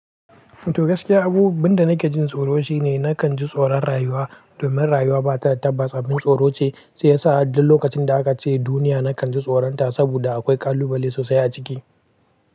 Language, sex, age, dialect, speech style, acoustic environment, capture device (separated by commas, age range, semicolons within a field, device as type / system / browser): Hausa, male, 18-24, Nigeria - Pidgin-influenced, happy, people talking, mobile / Linux / Chrome